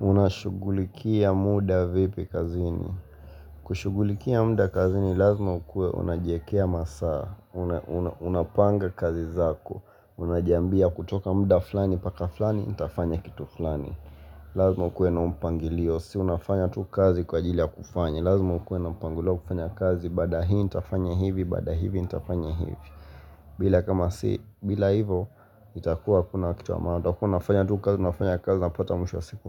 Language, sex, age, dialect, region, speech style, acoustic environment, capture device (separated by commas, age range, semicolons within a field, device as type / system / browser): Swahili, male, 18-24, Kenya - Mombasa, Kenya, relaxed, silence, mobile / Linux / Chrome